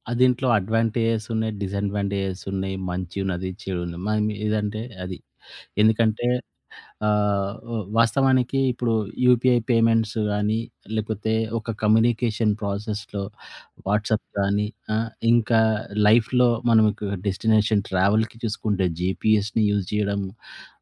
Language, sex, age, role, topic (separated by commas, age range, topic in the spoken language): Telugu, male, 40-44, guest, స్మార్ట్‌ఫోన్ వాడకం వల్ల మీ రోజువారీ జీవితం ఎలా మారిందో చెప్పగలరా?